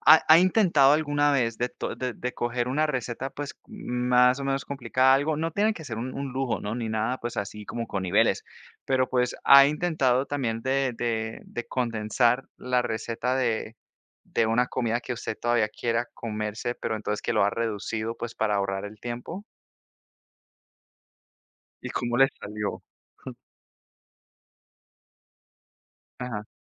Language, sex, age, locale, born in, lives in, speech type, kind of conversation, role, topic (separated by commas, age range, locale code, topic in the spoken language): Spanish, male, 30-34, es-CO, United States, United States, conversation, podcast, host, ¿Cómo cocinas cuando tienes poco tiempo?